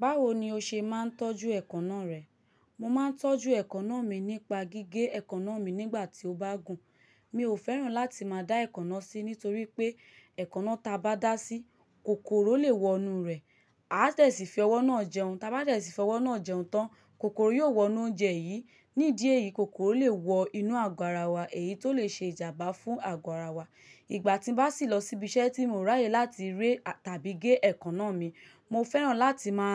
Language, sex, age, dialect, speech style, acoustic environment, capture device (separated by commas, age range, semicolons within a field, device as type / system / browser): Yoruba, female, 25-34, Nigeria - Lagos, happy, silence, mobile / Linux / Chrome